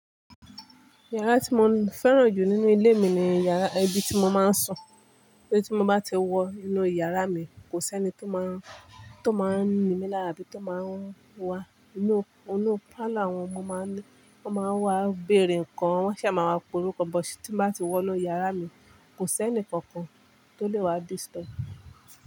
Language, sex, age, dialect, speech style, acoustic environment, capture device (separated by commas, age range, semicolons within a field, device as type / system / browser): Yoruba, male, 25-34, Nigeria - Kano, relaxed, appliances, mobile / Linux / Chrome